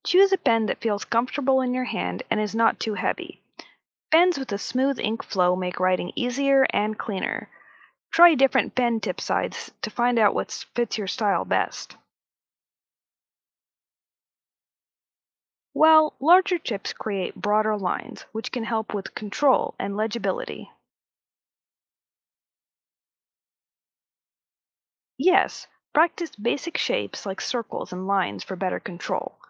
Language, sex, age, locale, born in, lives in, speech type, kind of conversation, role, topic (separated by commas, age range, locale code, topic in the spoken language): English, female, 25-29, en-US, United States, United States, read, expert-assistant, expert, How can I improve my handwriting for exams?